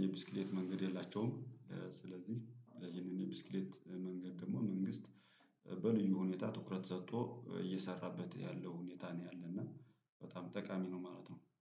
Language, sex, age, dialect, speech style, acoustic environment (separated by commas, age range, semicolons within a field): Amharic, male, 35-44, Ethiopia - Gojjam, happy, nature